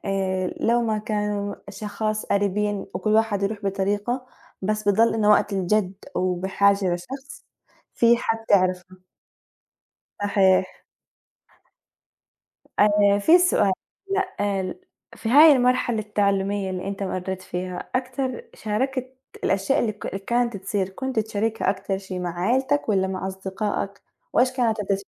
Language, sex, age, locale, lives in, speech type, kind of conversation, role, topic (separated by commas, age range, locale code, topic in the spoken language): Arabic, female, 18-19, ar-JO, United States, conversation, unstructured, none, إيه أحلى موقف حصل لك وإنت بتتعلم؟